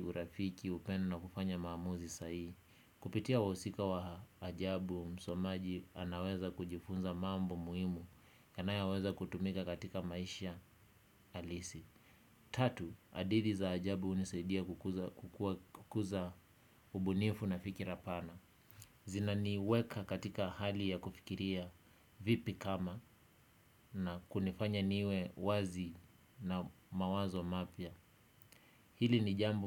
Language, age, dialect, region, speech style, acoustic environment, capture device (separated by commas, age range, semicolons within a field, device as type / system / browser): Swahili, 25-34, Kenya - Kitui, Kenya, relaxed; focused; excited; happy, nature, desktop / Windows / Chrome